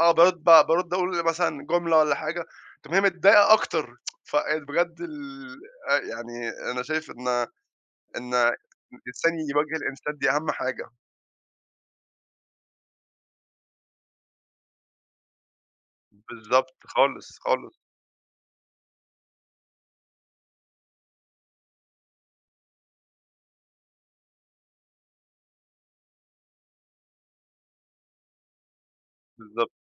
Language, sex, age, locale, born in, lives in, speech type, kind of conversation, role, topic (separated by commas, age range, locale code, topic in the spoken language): Arabic, male, 20-24, ar-EG, Egypt, United States, conversation, unstructured, none, إيه أحسن طريقة نحل بيها خِلاف بين الأصحاب؟